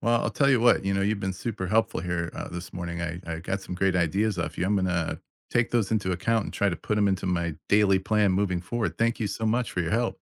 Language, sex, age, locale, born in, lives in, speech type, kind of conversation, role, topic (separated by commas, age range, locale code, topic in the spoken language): English, male, 50-54, en-US, United States, United States, read, expert-assistant, asker, What are the benefits of making new friends?